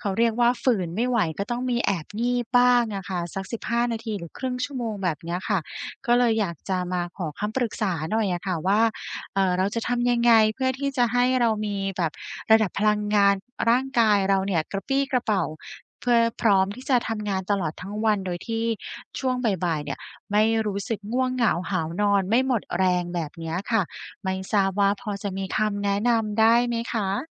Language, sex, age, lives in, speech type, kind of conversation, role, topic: Thai, female, 35-39, Thailand, conversation, advice, user, ฉันควรทำอย่างไรเพื่อรักษาระดับพลังงานตลอดวันให้คงที่และไม่รู้สึกหมดแรง?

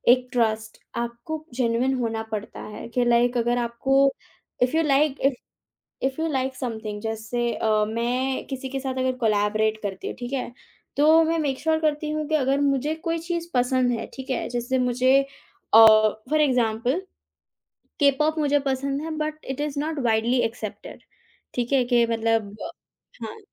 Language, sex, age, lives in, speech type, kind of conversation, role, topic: Hindi, female, 18-19, India, conversation, podcast, guest, Jab aap kisi ke saath milkar kaam karte hain, to bharosa kaise banate hain?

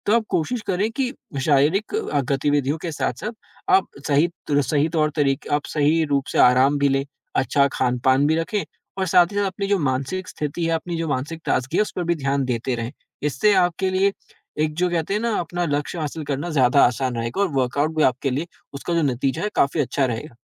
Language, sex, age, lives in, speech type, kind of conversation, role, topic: Hindi, male, 20-24, India, conversation, advice, advisor, वर्कआउट के लिए मेरी प्रेरणा क्यों घट गई है?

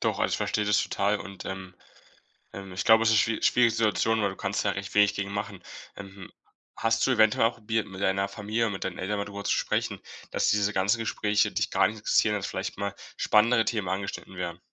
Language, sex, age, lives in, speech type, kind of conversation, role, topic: German, male, 18-19, Germany, conversation, advice, advisor, Wie hast du dich bei Familienfeiern schon einmal ignoriert oder ausgeschlossen gefühlt?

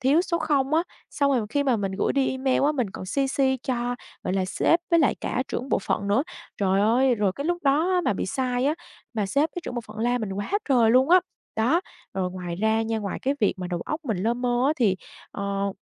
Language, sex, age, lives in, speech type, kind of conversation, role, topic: Vietnamese, female, 25-29, Vietnam, conversation, advice, user, Ngủ đủ giờ nhưng vẫn mệt khi thức dậy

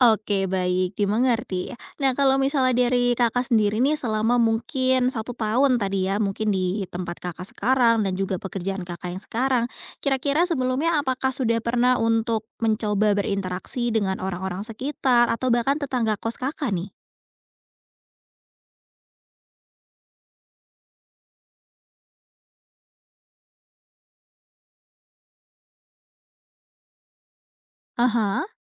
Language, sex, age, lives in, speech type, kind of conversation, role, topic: Indonesian, female, 20-24, Indonesia, conversation, advice, advisor, Bagaimana cara mengatasi rasa kesepian setelah pindah ke lingkungan baru?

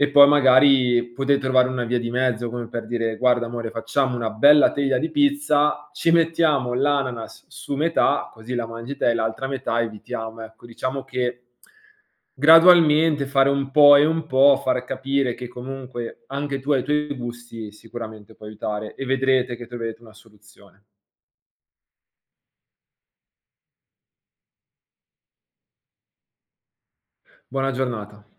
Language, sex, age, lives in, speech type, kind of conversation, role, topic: Italian, male, 25-29, Italy, conversation, advice, advisor, Come posso gestire i litigi ricorrenti con il partner per piccole questioni della quotidianità?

